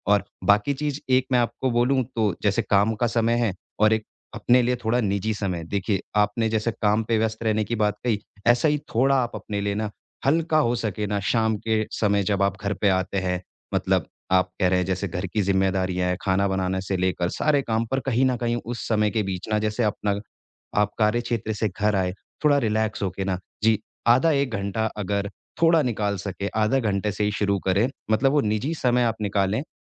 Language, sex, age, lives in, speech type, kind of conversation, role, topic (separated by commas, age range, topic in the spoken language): Hindi, male, 25-29, India, conversation, advice, advisor, मैं परिवार, काम और शौकों के बीच सही संतुलन कैसे बनाऊँ?